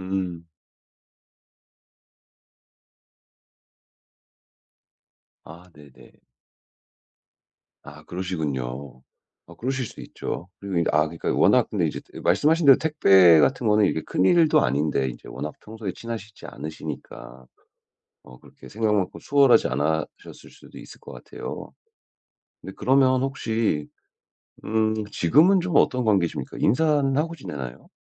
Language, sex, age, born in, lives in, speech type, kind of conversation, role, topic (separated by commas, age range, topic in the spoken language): Korean, male, 35-39, United States, United States, conversation, advice, advisor, 일상적인 모임에서 사람들과 더 친해지려면 어떻게 해야 하나요?